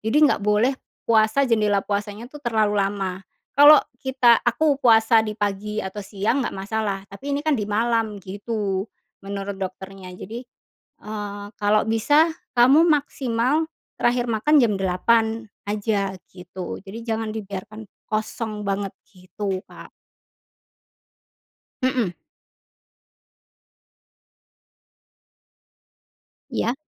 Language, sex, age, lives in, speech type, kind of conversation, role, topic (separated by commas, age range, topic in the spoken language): Indonesian, female, 40-44, Indonesia, conversation, podcast, guest, Bagaimana cara Anda mengurangi konsumsi gula sehari-hari?